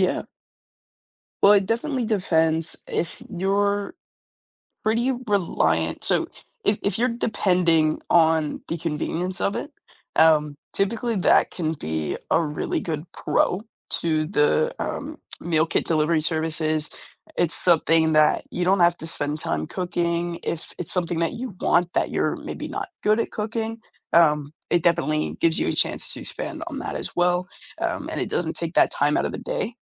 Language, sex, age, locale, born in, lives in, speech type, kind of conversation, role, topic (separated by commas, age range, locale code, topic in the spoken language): English, male, 18-19, en-US, United States, United States, conversation, advice, advisor, How can I decide if a meal kit subscription is right for my lifestyle?